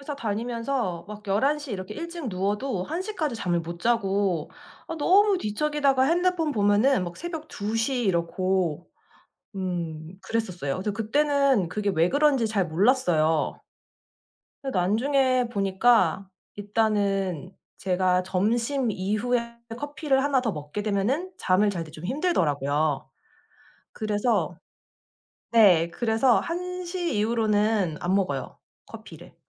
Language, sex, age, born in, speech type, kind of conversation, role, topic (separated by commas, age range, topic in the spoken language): Korean, female, 35-39, South Korea, conversation, podcast, guest, 숙면을 위해 평소에 어떤 노력을 하고 계신가요?